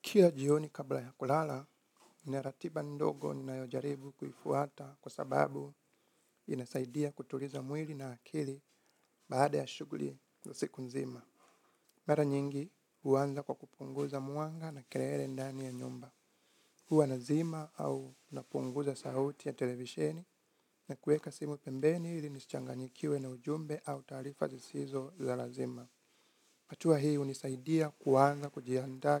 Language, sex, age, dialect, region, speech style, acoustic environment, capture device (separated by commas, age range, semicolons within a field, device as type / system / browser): Swahili, male, 25-34, Kenya - Nairobi Sheng-influenced, Kenya, focused, nature, mobile / Linux / Chrome